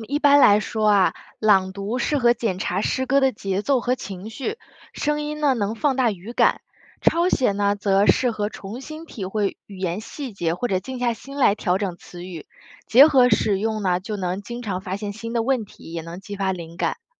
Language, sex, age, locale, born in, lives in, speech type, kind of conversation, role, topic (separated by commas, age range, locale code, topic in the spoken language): Chinese, female, 30-34, zh-CN, China, United States, read, expert-assistant, expert, 如何激发和提升写现代诗的灵感？